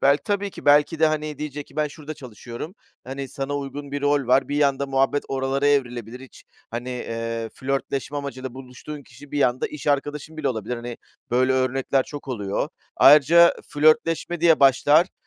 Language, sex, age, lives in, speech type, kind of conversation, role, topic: Turkish, male, 40-44, Greece, conversation, advice, advisor, Yeni bir ayrılıktan sonra yoğun yalnızlık ve boşluk hissiyle nasıl başa çıkabilirim?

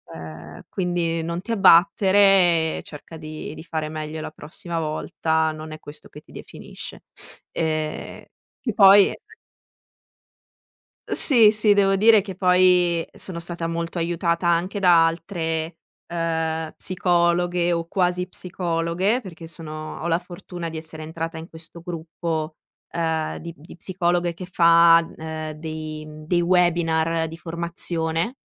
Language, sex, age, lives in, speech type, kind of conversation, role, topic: Italian, female, 25-29, Italy, conversation, podcast, guest, Come ti riprendi emotivamente quando qualcosa non va come speravi?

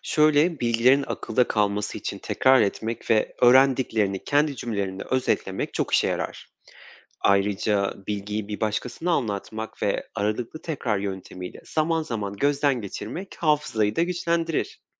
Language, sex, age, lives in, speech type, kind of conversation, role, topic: Turkish, male, 25-29, Poland, read, expert-assistant, expert, Günlük koşuşturma arasında kalıcı öğrenmeyi nasıl sağlayabilirim?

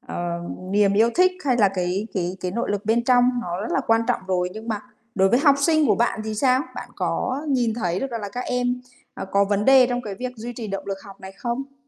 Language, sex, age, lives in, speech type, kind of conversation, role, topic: Vietnamese, female, 30-34, Vietnam, conversation, podcast, host, Bạn có bí quyết nào để duy trì động lực học tập lâu dài không?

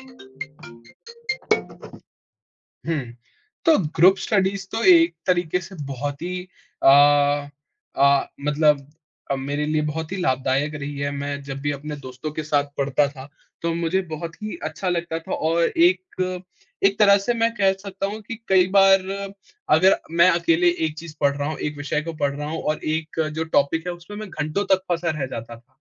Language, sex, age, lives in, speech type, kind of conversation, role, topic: Hindi, male, 20-24, India, conversation, podcast, guest, पढ़ाई को मज़ेदार बनाने के कौन-कौन से तरीके हो सकते हैं?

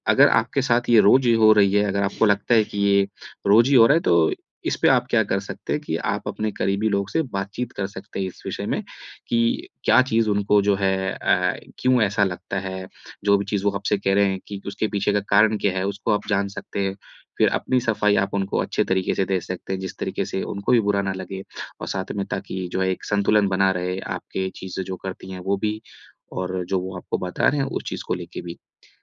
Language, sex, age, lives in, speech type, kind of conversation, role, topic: Hindi, male, 30-34, India, conversation, advice, advisor, मैं अपने कथन और कृत्य में समंजस्य कैसे ला सकता/सकती हूँ?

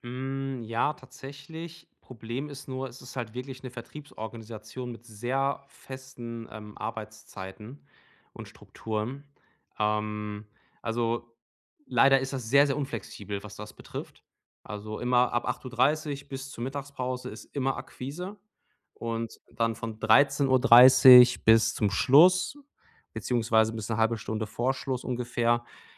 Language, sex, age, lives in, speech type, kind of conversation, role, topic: German, male, 30-34, Germany, conversation, advice, user, Wie kann ich morgens genug Energie haben, um den ganzen Tag über leistungsfähig zu bleiben?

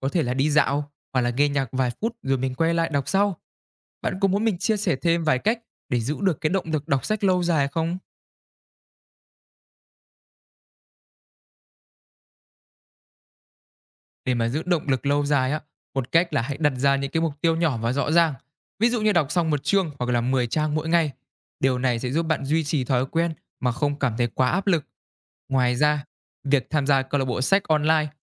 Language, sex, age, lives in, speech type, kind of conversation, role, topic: Vietnamese, male, 20-24, Vietnam, read, expert-assistant, expert, Làm thế nào để xây dựng thói quen đọc sách mỗi ngày?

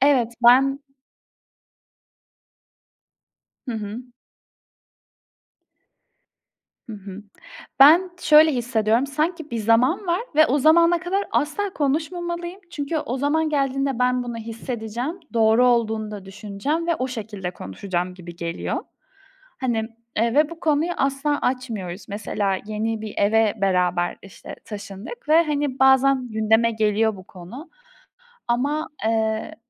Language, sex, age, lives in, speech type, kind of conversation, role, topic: Turkish, female, 20-24, Poland, conversation, unstructured, none, Birlikte geleceği planlamak sana ne hissettiriyor?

